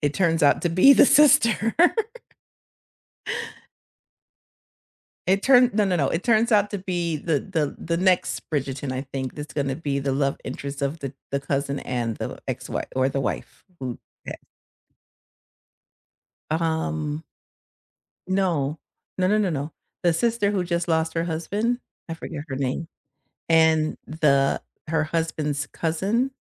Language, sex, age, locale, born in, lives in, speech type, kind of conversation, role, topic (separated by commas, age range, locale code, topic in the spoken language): English, female, 50-54, en-US, United States, United States, conversation, unstructured, none, What plot twists have you experienced that you didn’t see coming, without spoilers?